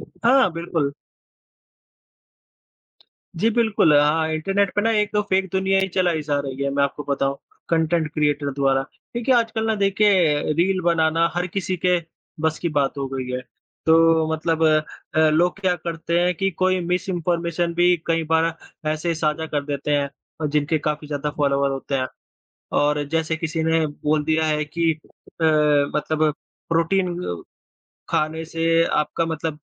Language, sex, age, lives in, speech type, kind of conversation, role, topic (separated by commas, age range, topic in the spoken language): Hindi, female, 25-29, India, conversation, unstructured, none, इंटरनेट पर जानकारी की उपलब्धता के बारे में आप क्या सोचते हैं?